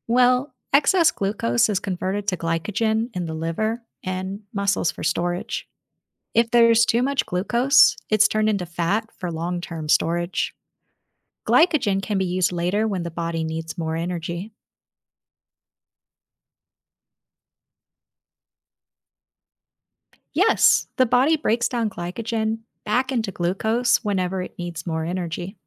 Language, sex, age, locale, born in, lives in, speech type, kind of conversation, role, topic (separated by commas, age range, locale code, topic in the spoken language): English, female, 30-34, en-US, United States, United States, read, expert-assistant, expert, How does the body process sugar?